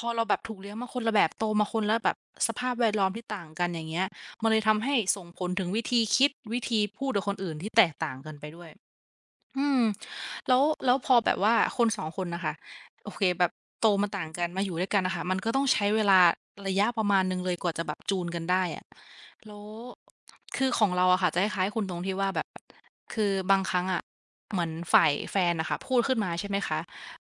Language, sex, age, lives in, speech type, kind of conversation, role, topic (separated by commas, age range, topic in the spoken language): Thai, female, 25-29, Thailand, conversation, unstructured, none, การสื่อสารที่ดีในความรักควรเป็นอย่างไร?